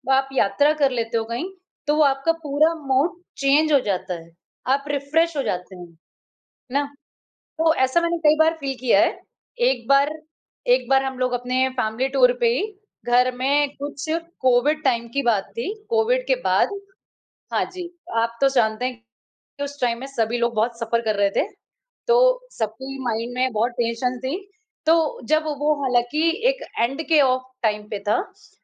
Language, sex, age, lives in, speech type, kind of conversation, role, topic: Hindi, female, 35-39, India, conversation, unstructured, none, आपके हिसाब से यात्रा करने से खुशियाँ कैसे मिलती हैं?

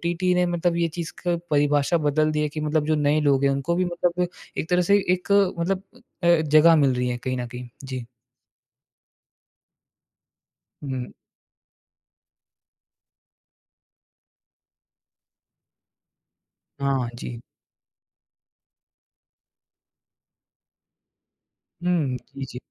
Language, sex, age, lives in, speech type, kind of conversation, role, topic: Hindi, male, 20-24, India, conversation, podcast, host, Kya streaming ne cinema ka maza kam kiya hai ya badhaya hai, tum kya kehte ho?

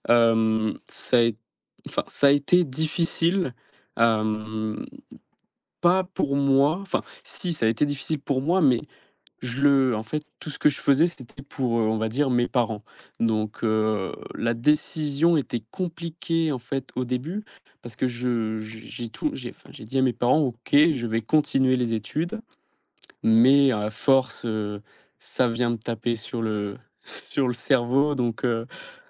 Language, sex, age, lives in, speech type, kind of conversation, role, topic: French, male, 18-19, France, conversation, podcast, guest, Qu’est-ce qui compte le plus pour toi dans la vie ?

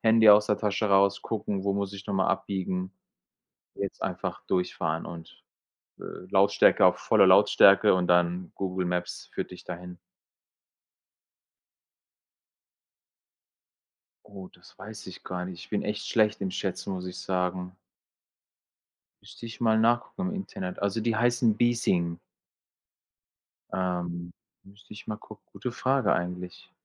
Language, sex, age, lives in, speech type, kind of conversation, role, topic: German, male, 45-49, Germany, conversation, unstructured, none, Wie verbringst du deine Freizeit am liebsten?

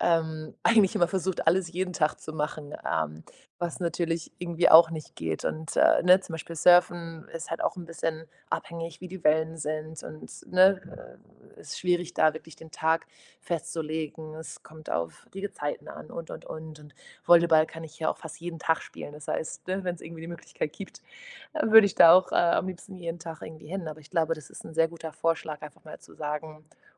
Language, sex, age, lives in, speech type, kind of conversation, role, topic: German, female, 25-29, Portugal, conversation, advice, user, Wie finde ich die richtige Balance zwischen Aktivität und Ruhe?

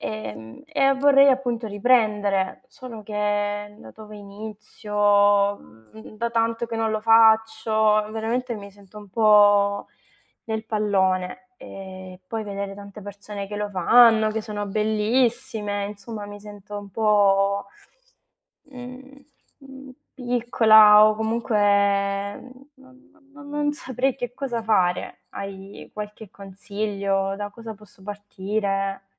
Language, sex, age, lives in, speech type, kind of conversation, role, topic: Italian, female, 25-29, Italy, conversation, advice, user, Come posso gestire l’ansia nel riprendere l’attività fisica dopo un lungo periodo di inattività?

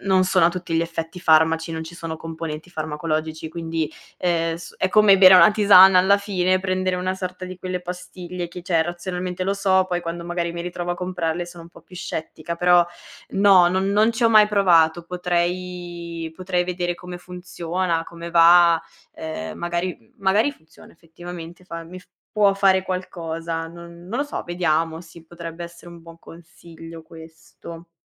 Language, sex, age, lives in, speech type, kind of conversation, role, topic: Italian, female, 25-29, Italy, conversation, advice, user, Da quanto tempo hai difficoltà a dormire o ti senti poco riposato anche dopo una notte di sonno?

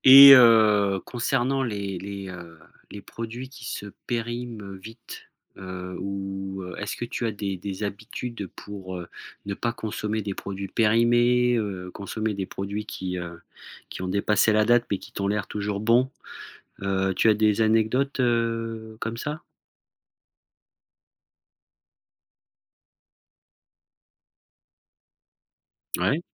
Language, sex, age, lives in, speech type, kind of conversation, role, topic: French, male, 40-44, France, conversation, podcast, host, Que fais-tu avec des restes pour en faire un bon repas ?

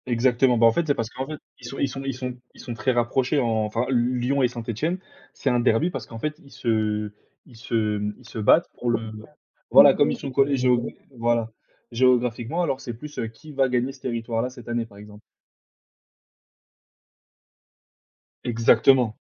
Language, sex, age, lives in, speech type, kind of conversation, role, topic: French, male, 20-24, France, conversation, unstructured, none, Quelle est ta plus belle découverte en voyage ?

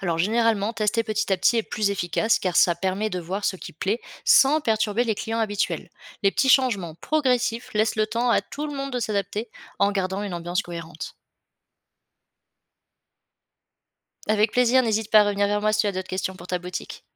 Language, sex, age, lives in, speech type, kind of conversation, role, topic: French, female, 25-29, France, read, expert-assistant, expert, Comment se former au marketing en utilisant ce qu’on observe dans la vie quotidienne ?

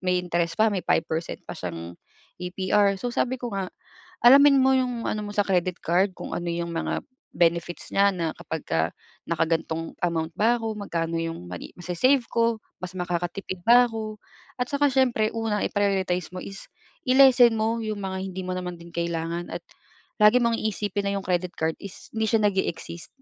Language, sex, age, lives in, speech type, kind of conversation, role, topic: Filipino, female, 35-39, Philippines, conversation, advice, advisor, Paano ko haharapin ang biglaang lumaking utang sa aking credit card dahil sa labis na paggastos?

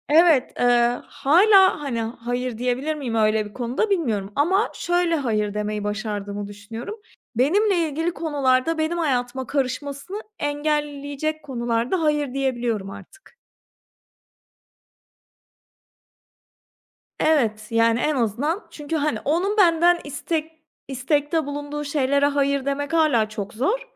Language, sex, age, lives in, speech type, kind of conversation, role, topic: Turkish, female, 30-34, Portugal, conversation, podcast, guest, Birine hayır demen gerektiğinde nasıl davranırsın, bunu söylemek senin için zor mu?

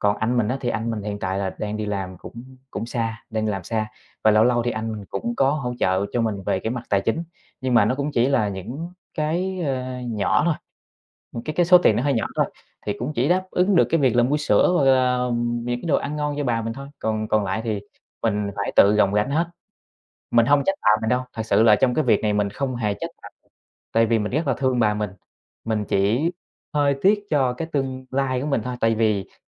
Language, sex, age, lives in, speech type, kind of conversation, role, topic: Vietnamese, male, 25-29, Vietnam, conversation, advice, user, Làm thế nào để hỗ trợ tài chính cho gia đình mà không ảnh hưởng đến quỹ cá nhân của bạn?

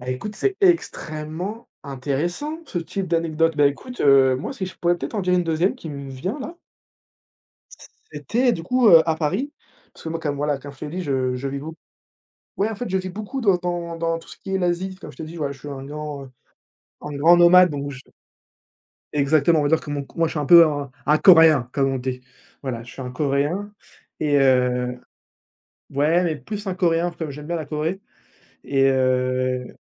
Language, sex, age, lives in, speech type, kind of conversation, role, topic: French, male, 20-24, France, conversation, unstructured, none, Quelle est la chose la plus surprenante que tu aies vue en voyage ?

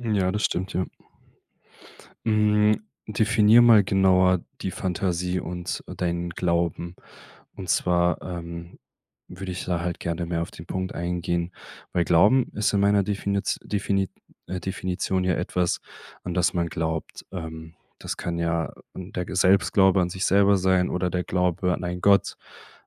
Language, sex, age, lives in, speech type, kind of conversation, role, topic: German, male, 25-29, Germany, conversation, podcast, host, Wie verbindest du persönliche Erfahrungen mit Fantasie?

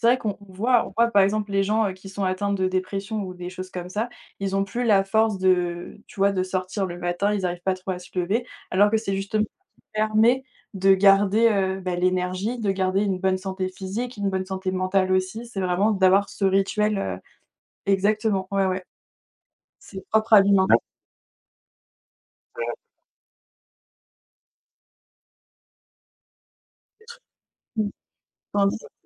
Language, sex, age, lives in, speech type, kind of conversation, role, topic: French, female, 20-24, France, conversation, unstructured, none, Quel est ton rituel du matin préféré pour bien commencer la journée ?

